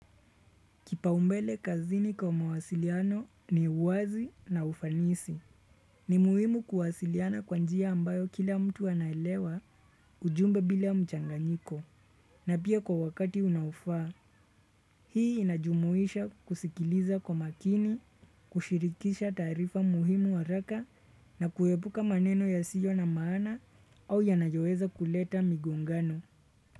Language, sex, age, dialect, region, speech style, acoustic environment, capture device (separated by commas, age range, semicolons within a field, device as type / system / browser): Swahili, male, 25-34, Kenya - Nairobi Sheng-influenced, Kenya, relaxed; focused, nature; silence, desktop / Windows / Chrome